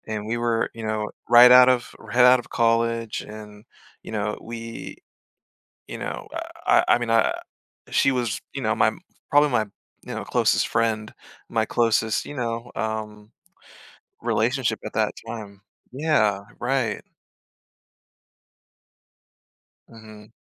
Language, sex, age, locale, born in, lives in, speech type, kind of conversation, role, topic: English, male, 30-34, en-US, Germany, United States, conversation, advice, user, How can I cope after my breakup?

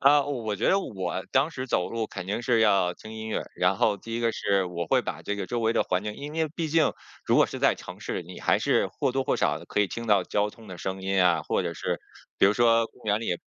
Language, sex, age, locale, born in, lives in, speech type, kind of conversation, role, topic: Chinese, male, 40-44, zh-CN, China, United States, conversation, podcast, guest, 你觉得在城市里怎样更容易亲近自然？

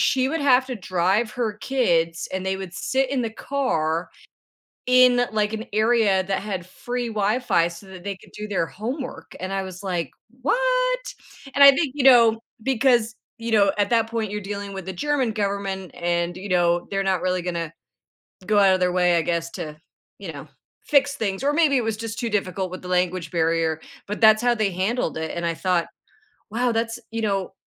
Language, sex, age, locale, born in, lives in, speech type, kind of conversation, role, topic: English, female, 50-54, en-CA, Canada, United States, conversation, podcast, host, What role does technology play in education today?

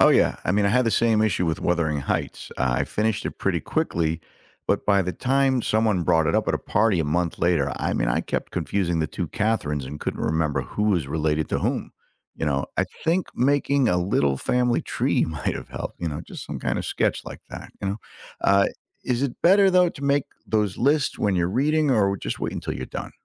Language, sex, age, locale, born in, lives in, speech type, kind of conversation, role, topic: English, male, 55-59, en-US, United States, United States, read, expert-assistant, asker, How can I plan a personal reading journey through the classics without feeling overwhelmed?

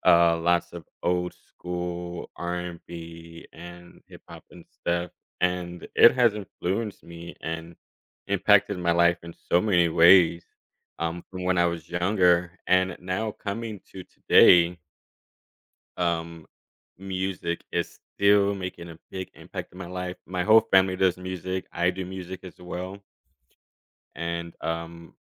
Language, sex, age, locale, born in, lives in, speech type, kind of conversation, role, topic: English, male, 30-34, en-US, Germany, United States, conversation, podcast, guest, In what ways can music shape who we are and how we experience life?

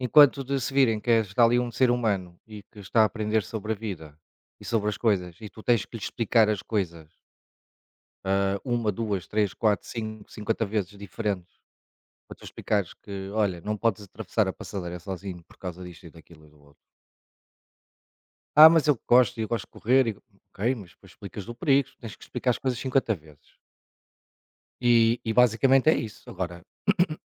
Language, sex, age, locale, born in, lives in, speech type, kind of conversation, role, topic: Portuguese, male, 45-49, pt-PT, Portugal, Portugal, conversation, unstructured, none, Qual é a sua estratégia para convencer alguém sem impor a sua opinião?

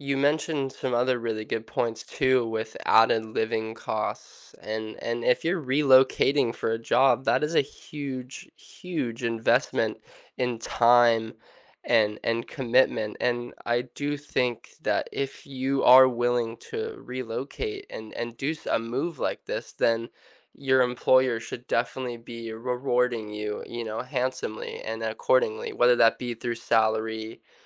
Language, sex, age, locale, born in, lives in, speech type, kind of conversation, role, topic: English, male, 20-24, en-US, United States, United States, conversation, unstructured, none, How do you approach negotiating your salary, and what experiences have shaped your style?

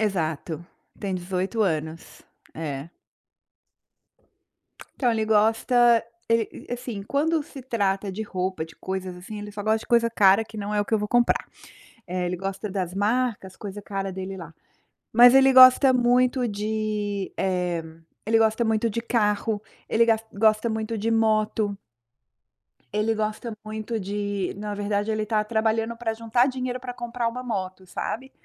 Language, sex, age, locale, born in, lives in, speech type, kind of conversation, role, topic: Portuguese, female, 45-49, pt-BR, Brazil, United States, conversation, advice, user, Como posso encontrar um presente memorável para alguém especial?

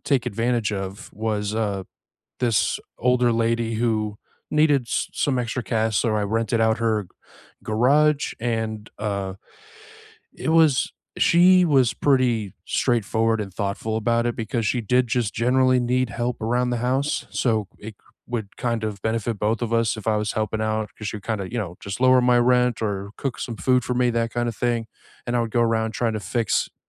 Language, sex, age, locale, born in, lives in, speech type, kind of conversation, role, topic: English, male, 35-39, en-US, United States, United States, conversation, unstructured, none, What renter-friendly fixes have you used that look custom but remove cleanly?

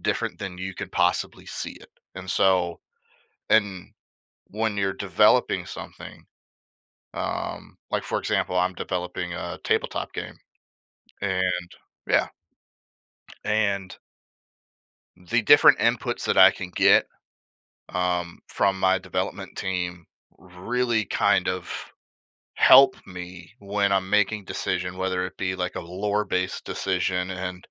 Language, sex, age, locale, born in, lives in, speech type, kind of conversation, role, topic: English, male, 30-34, en-US, United States, United States, conversation, podcast, guest, How does working with others shape your creative process and results?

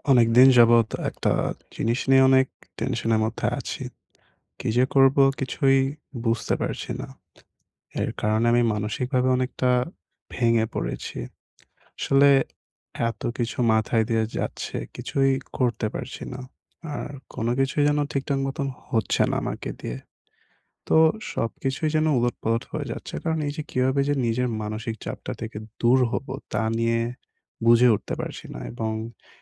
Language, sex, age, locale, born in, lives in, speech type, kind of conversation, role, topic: Bengali, male, 20-24, bn-BD, Bangladesh, Bangladesh, conversation, advice, user, আমি কীভাবে দায়বোধ ও সম্পর্কজনিত চাপ কমাতে পারি?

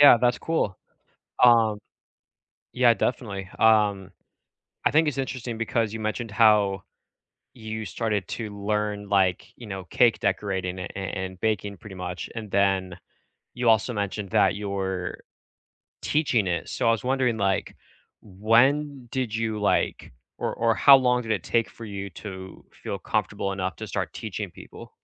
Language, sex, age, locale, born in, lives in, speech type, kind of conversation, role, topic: English, male, 25-29, en-US, United States, United States, conversation, unstructured, none, What is a new hobby or skill you are exploring just for fun, and what drew you to it?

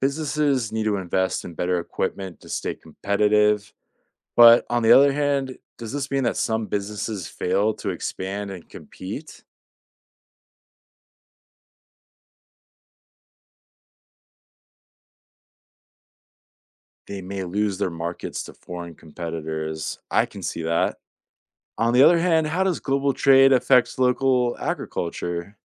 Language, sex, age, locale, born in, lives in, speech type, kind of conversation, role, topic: English, male, 30-34, en-US, United States, United States, read, expert-assistant, asker, How does global trade impact local economies?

